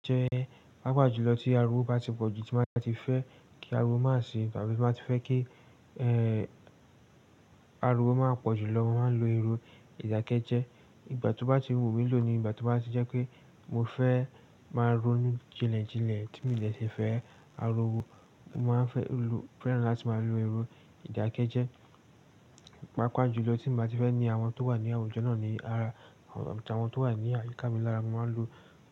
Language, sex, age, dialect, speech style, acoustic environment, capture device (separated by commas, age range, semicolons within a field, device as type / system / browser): Yoruba, male, 18-24, Nigeria - Lagos Yoruba, excited; focused, silence, desktop / Linux / Chrome